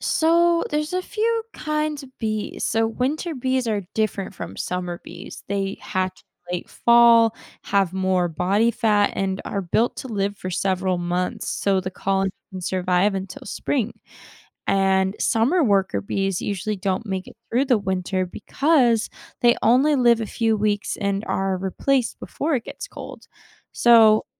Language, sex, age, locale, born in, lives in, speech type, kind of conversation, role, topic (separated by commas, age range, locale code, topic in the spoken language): English, female, 20-24, en-US, United States, United States, read, expert-assistant, expert, How do bees make honey?